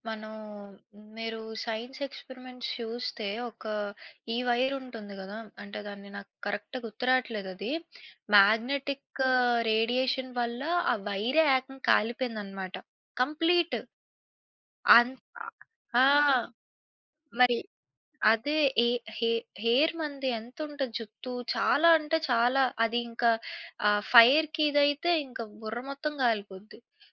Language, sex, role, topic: Telugu, female, guest, స్మార్ట్‌ఫోన్లు మరో పది సంవత్సరాల్లో ఎలా మారుతాయనిపిస్తుంది?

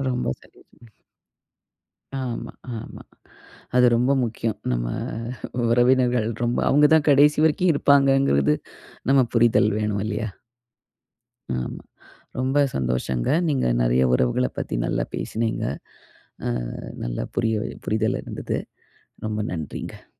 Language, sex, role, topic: Tamil, female, host, நீண்டகால உறவுகளை எப்படி பராமரிக்கிறீர்கள்?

